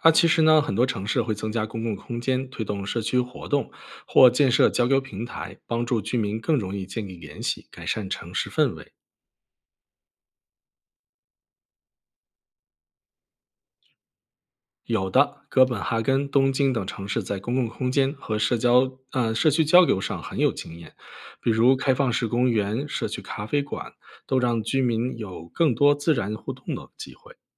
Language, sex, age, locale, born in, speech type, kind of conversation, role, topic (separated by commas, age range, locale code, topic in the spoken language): Chinese, male, 35-39, zh-CN, China, read, expert-assistant, expert, 听说住在城市里的人比小城市或农村更孤独，这种说法可靠吗？